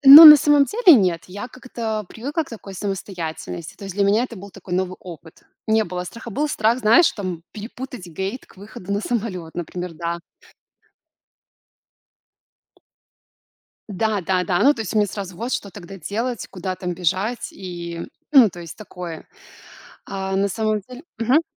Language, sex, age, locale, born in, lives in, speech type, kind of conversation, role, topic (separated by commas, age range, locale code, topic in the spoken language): Russian, female, 25-29, ru-RU, Belarus, Italy, conversation, podcast, guest, Что тебе больше всего нравится в путешествиях как в увлечении?